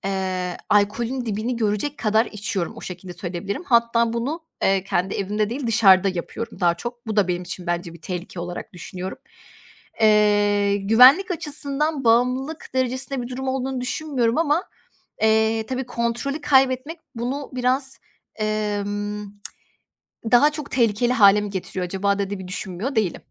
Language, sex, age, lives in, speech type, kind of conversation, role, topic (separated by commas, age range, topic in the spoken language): Turkish, female, 25-29, Poland, conversation, advice, user, Sağlıksız bir başa çıkma yöntemi olarak aşırı yeme veya alkol kullanımı yaşadığınızı düşünüyor musunuz?